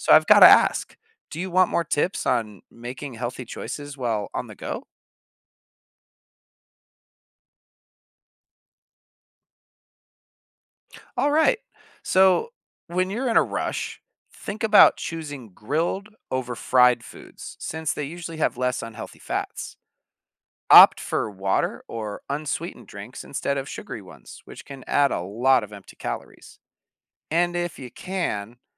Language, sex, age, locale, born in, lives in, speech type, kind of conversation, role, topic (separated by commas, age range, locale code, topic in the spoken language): English, male, 30-34, en-US, United States, United States, read, expert-assistant, expert, How does fast food affect health?